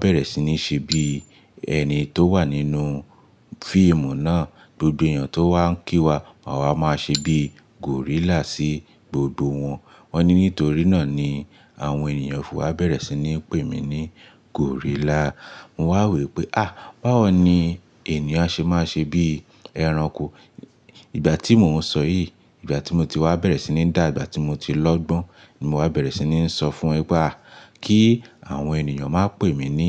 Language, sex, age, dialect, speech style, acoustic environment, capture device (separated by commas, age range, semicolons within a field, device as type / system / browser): Yoruba, male, 25-34, Nigeria - Lagos Yoruba, focused; relaxed, appliances; nature, mobile / Linux / Chrome